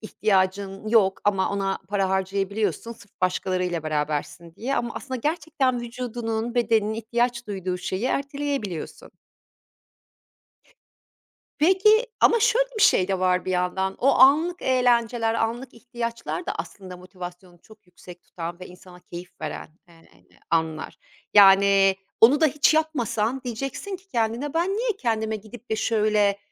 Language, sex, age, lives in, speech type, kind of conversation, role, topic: Turkish, female, 50-54, Italy, conversation, podcast, host, Kendine şefkat göstermeyi günlük hayatında nasıl pratiğe döküyorsun?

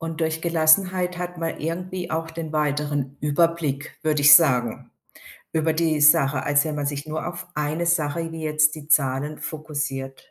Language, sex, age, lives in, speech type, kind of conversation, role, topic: German, female, 55-59, Germany, conversation, podcast, host, Wann hat ein Gespräch dein Leben verändert?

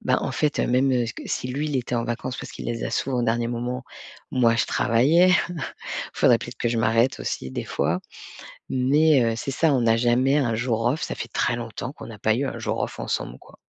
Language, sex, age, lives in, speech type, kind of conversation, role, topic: French, female, 40-44, France, conversation, advice, user, Comment puis-je déléguer efficacement mes tâches quotidiennes répétitives ?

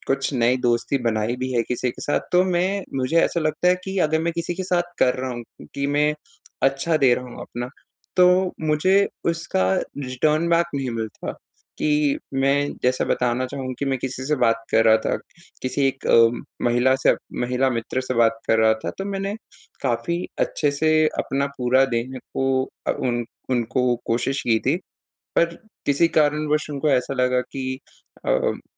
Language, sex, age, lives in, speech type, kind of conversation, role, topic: Hindi, male, 20-24, India, conversation, advice, user, मैं अलग-अलग लोगों के साथ सहज और सच्चे संबंध कैसे बना सकता/सकती हूँ?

